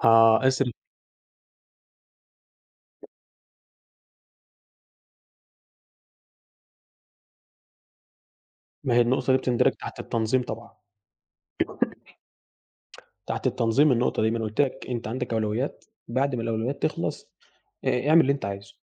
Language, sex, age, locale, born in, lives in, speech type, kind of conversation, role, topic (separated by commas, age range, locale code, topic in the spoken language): Arabic, male, 18-19, ar-EG, Egypt, Egypt, conversation, unstructured, none, هل الفلوس ساعدتك قبل كده إنك تحقق حلم من أحلامك؟ إزاي؟